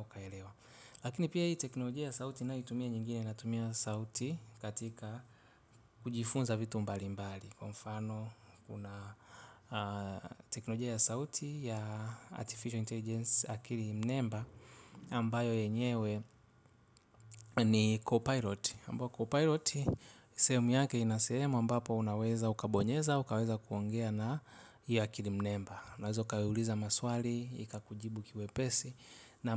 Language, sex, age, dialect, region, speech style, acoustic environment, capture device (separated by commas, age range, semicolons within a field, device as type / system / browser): Swahili, male, 25-34, Tanzania - Dar es Salaam, Tanzania, relaxed, silence, mobile / Linux / Chrome